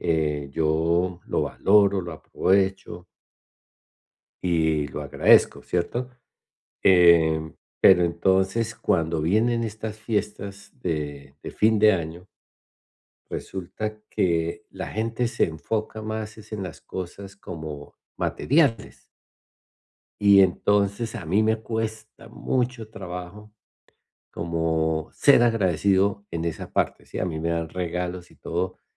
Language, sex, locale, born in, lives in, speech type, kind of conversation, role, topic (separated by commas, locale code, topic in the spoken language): Spanish, male, es-CO, Colombia, United States, conversation, advice, user, ¿Cómo puedo aprender a valorar y aprovechar lo que ya tengo?